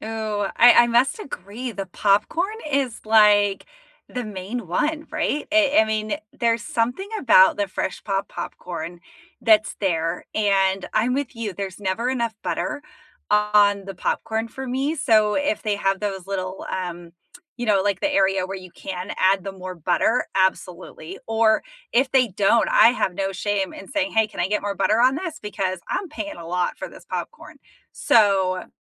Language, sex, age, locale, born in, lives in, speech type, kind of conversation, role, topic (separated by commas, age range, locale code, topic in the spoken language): English, female, 35-39, en-US, United States, United States, conversation, unstructured, none, What are your go-to movie snacks, and what is your ultimate movie theater food combo?